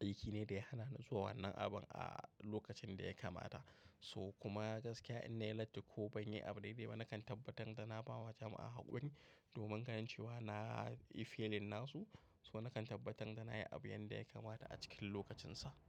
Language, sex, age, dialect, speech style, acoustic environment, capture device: Hausa, male, 25-34, Nigeria - Kano, happy, silence; nature; appliances, mobile / macOS / Safari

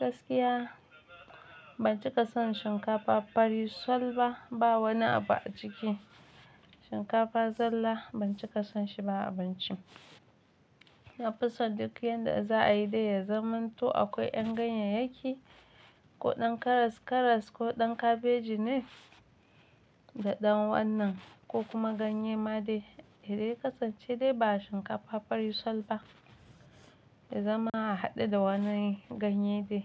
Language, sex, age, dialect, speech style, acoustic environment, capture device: Hausa, female, 25-34, Nigeria - Nigerian Standard, relaxed; excited; focused; happy, silence, mobile / Linux / Chrome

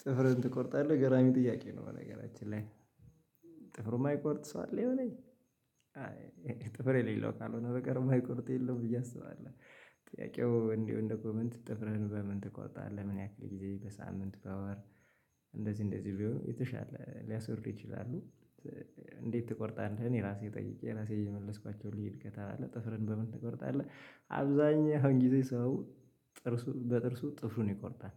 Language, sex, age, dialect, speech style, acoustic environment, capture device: Amharic, male, 25-34, Ethiopia - Gojjam, excited, silence, mobile / Linux / Chrome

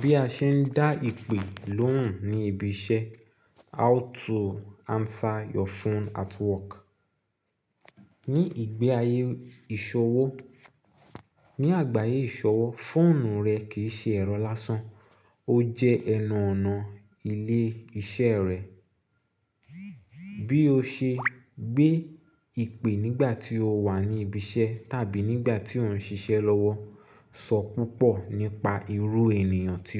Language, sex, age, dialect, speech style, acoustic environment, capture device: Yoruba, male, 25-34, Nigeria - Nigerian Standard, focused, nature, mobile / Linux / Chrome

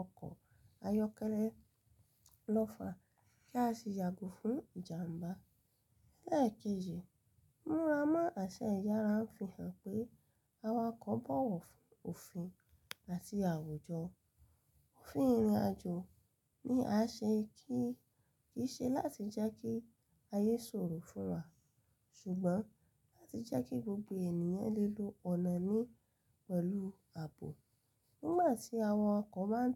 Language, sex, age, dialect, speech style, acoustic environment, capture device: Yoruba, female, 25-34, Nigeria - Lagos Yoruba, happy; relaxed; excited, silence, mobile / Linux / Chrome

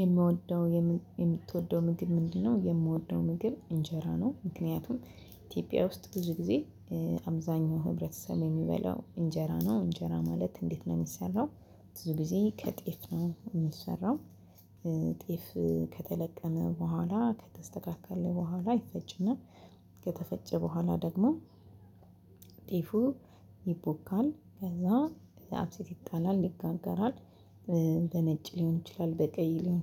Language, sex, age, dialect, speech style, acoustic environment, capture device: Amharic, female, 25-34, Ethiopia - Addis Ababa, happy, silence, mobile / Linux / Chrome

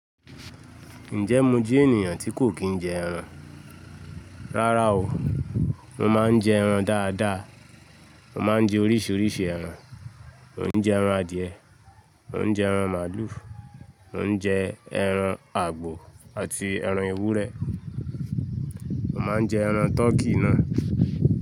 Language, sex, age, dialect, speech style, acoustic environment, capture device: Yoruba, male, 25-34, Nigeria - Lagos Yoruba, relaxed, people talking; nature; traffic, mobile / Linux / Chrome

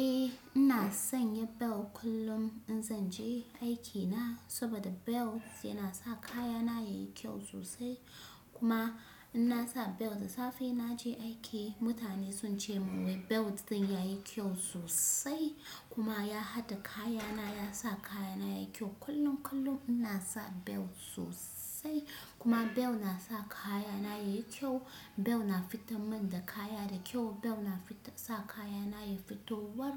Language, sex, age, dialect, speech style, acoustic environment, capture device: Hausa, female, 25-34, Nigeria - Kano, focused, nature, desktop / Windows / Chrome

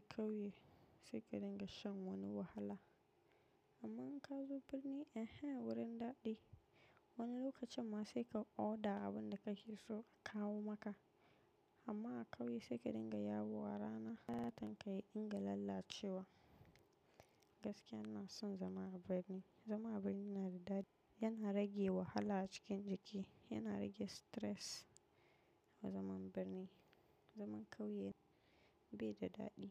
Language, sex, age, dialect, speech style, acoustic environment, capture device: Hausa, female, 25-34, Nigeria - Nigerian Standard, relaxed; focused, silence, mobile / macOS / Safari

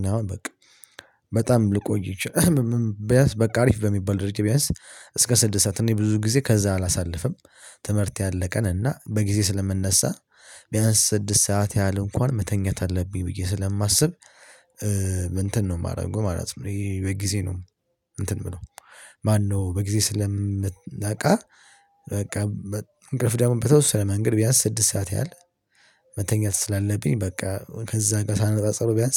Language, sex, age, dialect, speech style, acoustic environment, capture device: Amharic, male, 18-24, Ethiopia - Addis Ababa, happy, people talking, mobile / Linux / Chrome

